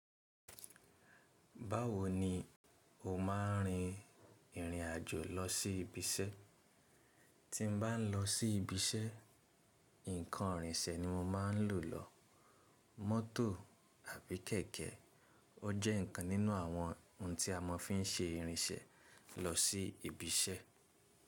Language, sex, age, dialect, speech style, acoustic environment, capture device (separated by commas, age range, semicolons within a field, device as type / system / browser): Yoruba, male, 25-34, Nigeria - Ibadan, relaxed, nature; silence, mobile / Linux / Chrome